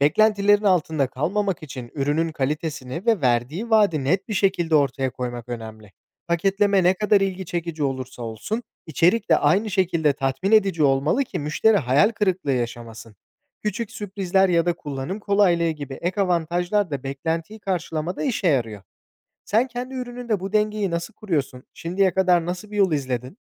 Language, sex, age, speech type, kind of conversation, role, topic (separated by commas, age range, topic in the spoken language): Turkish, male, 25-29, read, expert-assistant, expert, Küçük işletmeler müşteri deneyimini geliştirmek ve öne çıkmak için hangi yenilikçi stratejileri kullanabilir?